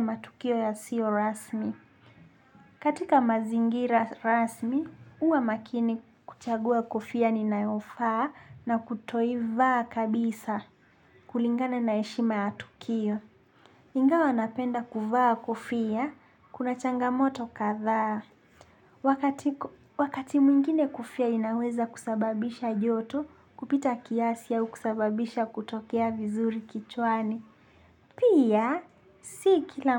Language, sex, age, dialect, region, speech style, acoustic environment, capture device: Swahili, male, 25-34, Kenya - Nairobi Sheng-influenced, Kenya, happy, silence, desktop / Linux / Chrome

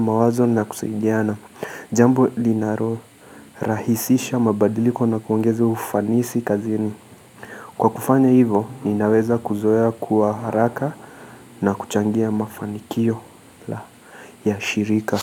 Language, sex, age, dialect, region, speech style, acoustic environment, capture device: Swahili, male, 25-34, Kenya - Mombasa, Kenya, anxious, silence, mobile / Linux / Chrome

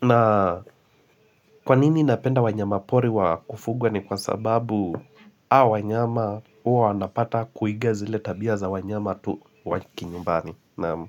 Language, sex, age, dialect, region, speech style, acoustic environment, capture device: Swahili, male, 25-34, Kenya - Mombasa, Kenya, happy; relaxed, appliances, mobile / Linux / Chrome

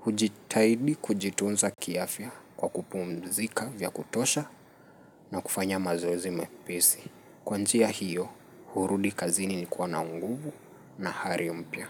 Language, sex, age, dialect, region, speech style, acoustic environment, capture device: Swahili, male, 25-34, Kenya - Nairobi Sheng-influenced, Kenya, relaxed, nature, mobile / Linux / Chrome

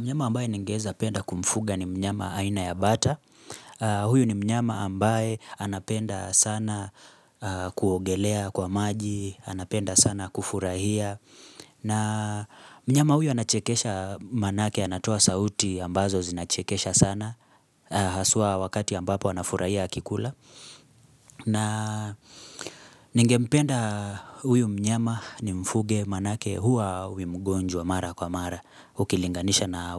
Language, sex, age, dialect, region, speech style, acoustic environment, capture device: Swahili, male, 25-34, Kenya - Nairobi Sheng-influenced, Kenya, happy; focused; excited, silence, mobile / Linux / Chrome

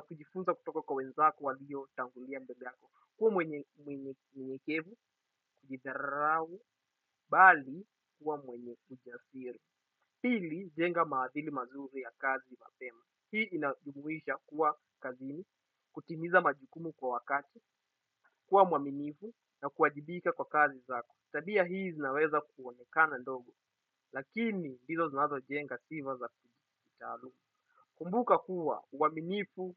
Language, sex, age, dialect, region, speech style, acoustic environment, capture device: Swahili, male, 18-24, Kenya - Mombasa, Kenya, happy, people talking, desktop / Windows / Chrome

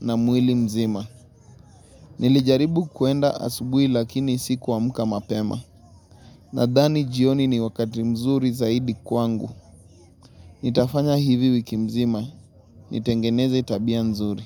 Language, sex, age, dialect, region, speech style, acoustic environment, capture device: Swahili, male, 25-34, Kenya - Nairobi Sheng-influenced, Kenya, relaxed; excited; happy, people talking; silence, mobile / Linux / Chrome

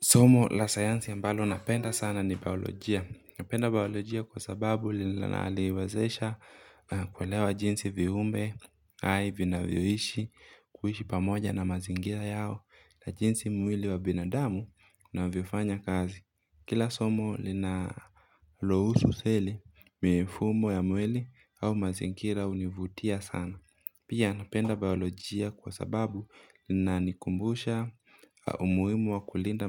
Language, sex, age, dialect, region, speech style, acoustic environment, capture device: Swahili, male, 25-34, Kenya - Mombasa, Kenya, anxious, nature; silence, mobile / Linux / Chrome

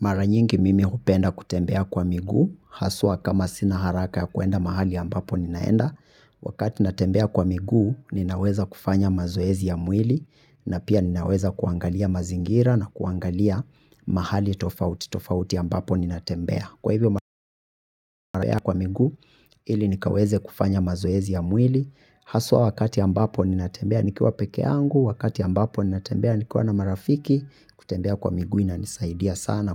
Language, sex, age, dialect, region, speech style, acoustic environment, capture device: Swahili, male, 25-34, Kenya - Nairobi Sheng-influenced, Kenya, happy, people talking; silence, mobile / Linux / Chrome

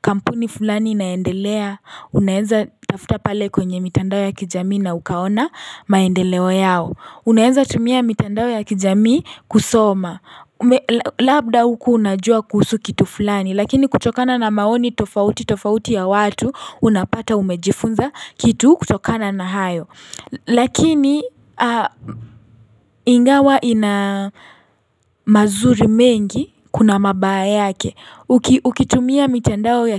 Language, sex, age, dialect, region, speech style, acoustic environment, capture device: Swahili, female, 18-24, Kenya - Nairobi Sheng-influenced, Kenya, happy; relaxed; focused; excited, silence, mobile / Linux / Chrome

